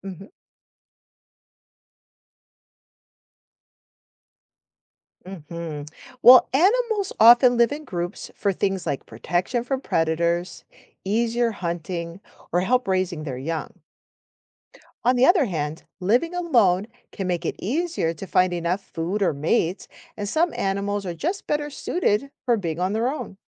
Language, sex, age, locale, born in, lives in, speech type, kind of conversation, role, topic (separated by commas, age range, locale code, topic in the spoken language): English, female, 45-49, en-US, United States, United States, read, expert-assistant, expert, Why do some animals live in groups while others live alone?